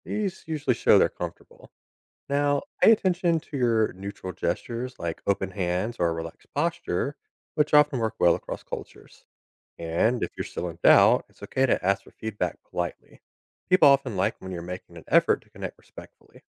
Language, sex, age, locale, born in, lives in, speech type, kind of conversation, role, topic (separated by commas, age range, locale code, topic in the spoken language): English, male, 30-34, en-US, United States, United States, read, expert-assistant, expert, How does body language influence communication?